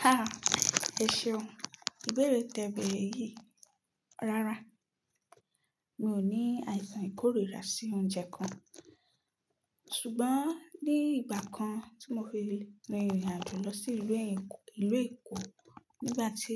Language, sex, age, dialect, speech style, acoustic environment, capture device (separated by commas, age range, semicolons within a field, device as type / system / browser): Yoruba, female, 25-34, Nigeria - Nigerian Standard, relaxed, silence, mobile / Linux / Chrome